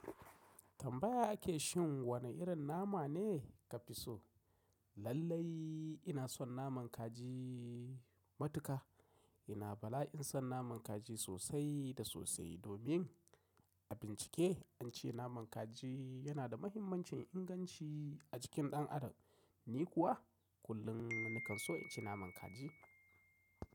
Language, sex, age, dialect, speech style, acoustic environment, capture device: Hausa, male, 35-44, Nigeria - Kano, happy, silence, mobile / macOS / Safari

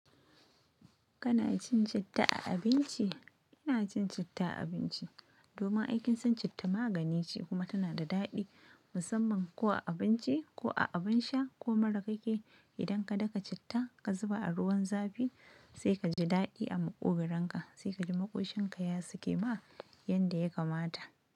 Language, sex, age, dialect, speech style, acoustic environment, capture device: Hausa, female, 25-34, Nigeria - Nigerian Standard, happy; excited, nature, mobile / Linux / Chrome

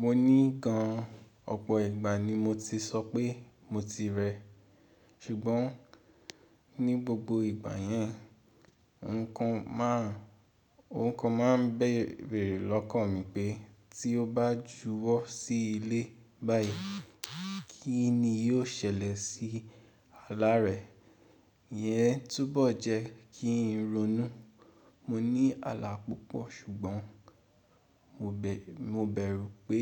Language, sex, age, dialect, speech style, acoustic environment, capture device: Yoruba, male, 18-24, Nigeria - Ibadan, relaxed, nature, mobile / Linux / Chrome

